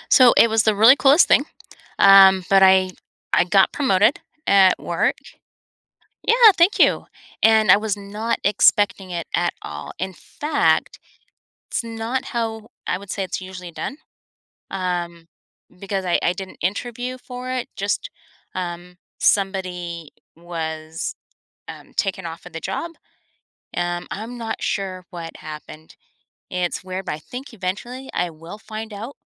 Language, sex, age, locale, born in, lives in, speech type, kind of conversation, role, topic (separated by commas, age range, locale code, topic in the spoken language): English, female, 50-54, en-US, United States, United States, conversation, advice, user, How should I handle an unexpected promotion at work?